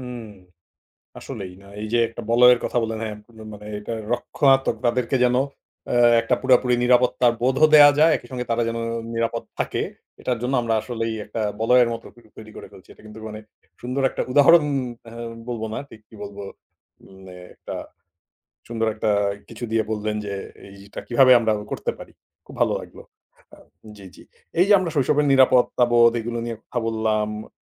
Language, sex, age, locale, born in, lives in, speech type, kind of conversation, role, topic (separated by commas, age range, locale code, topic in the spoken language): Bengali, male, 40-44, bn-BD, Bangladesh, Finland, conversation, podcast, host, আপনার শৈশবে আপনি কতটা নিরাপদ বোধ করতেন?